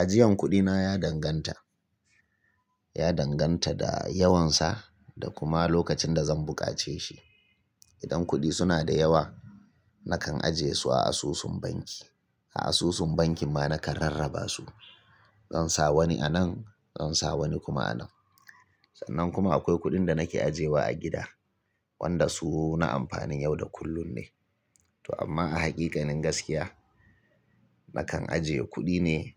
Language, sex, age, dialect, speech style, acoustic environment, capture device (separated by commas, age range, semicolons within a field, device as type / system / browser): Hausa, male, 35-44, Nigeria - Kano, relaxed; tired, silence, mobile / Linux / Chrome